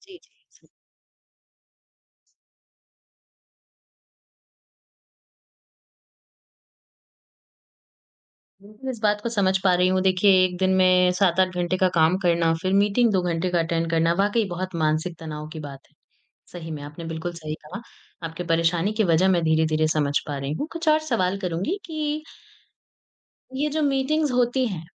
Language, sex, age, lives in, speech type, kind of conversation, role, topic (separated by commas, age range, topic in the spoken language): Hindi, female, 20-24, India, conversation, advice, advisor, मीटिंग्स में इतना समय क्यों बर्बाद होता है और असली काम क्यों नहीं हो पाता?